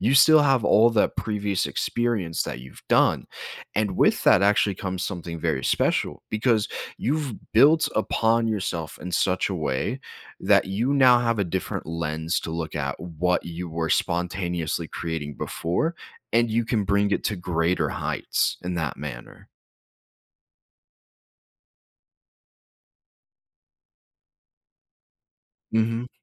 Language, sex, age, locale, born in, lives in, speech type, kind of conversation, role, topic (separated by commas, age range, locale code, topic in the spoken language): English, male, 20-24, en-US, United States, United States, conversation, advice, advisor, How can I break out of my comfort zone?